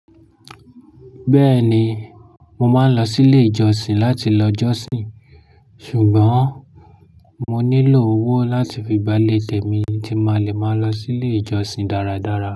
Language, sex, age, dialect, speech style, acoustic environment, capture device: Yoruba, male, 25-34, Nigeria - Lagos Yoruba, excited, silence, mobile / Linux / Chrome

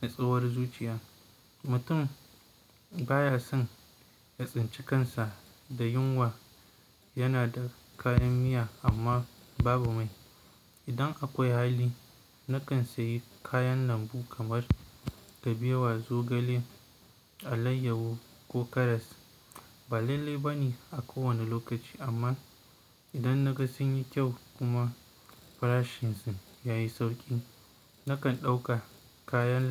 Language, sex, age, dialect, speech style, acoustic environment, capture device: Hausa, male, 18-24, Nigeria - Kano, focused, nature, mobile / Linux / Chrome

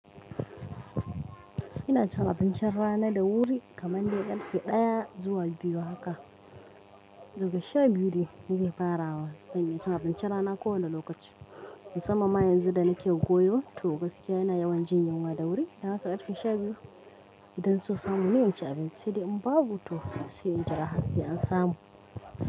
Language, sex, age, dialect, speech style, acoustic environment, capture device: Hausa, female, 25-34, Nigeria - Kano, happy, music; people talking, mobile / Linux / Chrome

